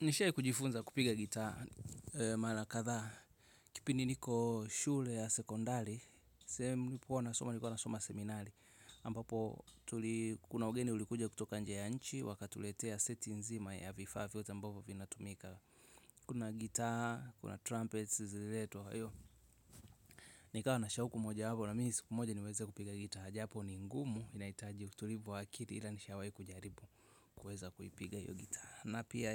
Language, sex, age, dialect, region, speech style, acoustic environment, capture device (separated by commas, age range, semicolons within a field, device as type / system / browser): Swahili, male, 25-34, Tanzania - Dar es Salaam, Tanzania, happy, music, mobile / Linux / Chrome